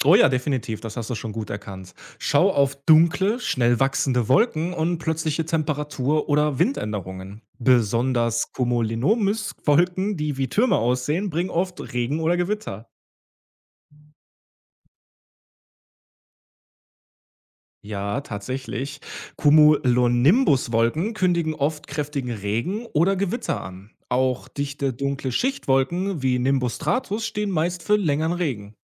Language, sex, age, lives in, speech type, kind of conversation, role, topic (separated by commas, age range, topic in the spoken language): German, male, 30-34, Germany, read, expert-assistant, expert, Was sind praktische Tipps, um das Wetter selbst anhand von Zeichen am Himmel einzuschätzen?